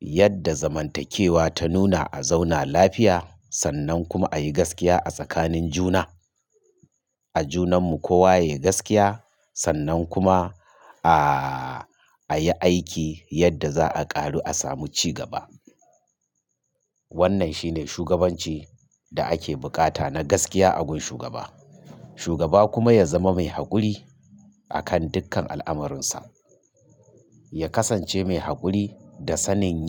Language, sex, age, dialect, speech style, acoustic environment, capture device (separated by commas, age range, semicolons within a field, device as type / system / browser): Hausa, male, 45-54, Nigeria - Kano, stressed; focused; excited; happy; anxious; tired; relaxed; nervous, traffic; construction; appliances; silence, mobile / Linux / Chrome